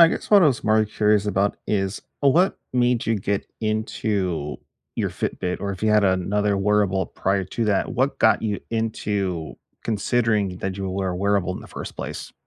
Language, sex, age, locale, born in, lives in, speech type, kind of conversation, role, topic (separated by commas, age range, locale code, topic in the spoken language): English, male, 40-44, en-US, United States, United States, conversation, unstructured, none, Do wearables help you build healthier habits, or do they just add another screen—what’s your real-life take?